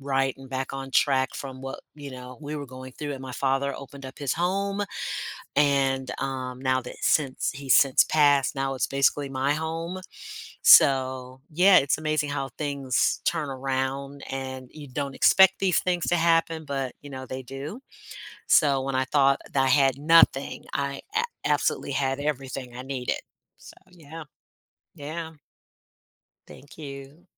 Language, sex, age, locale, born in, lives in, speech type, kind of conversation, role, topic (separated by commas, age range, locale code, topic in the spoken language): English, female, 55-59, en-US, United States, United States, conversation, unstructured, none, How do the people in your life shape your sense of family?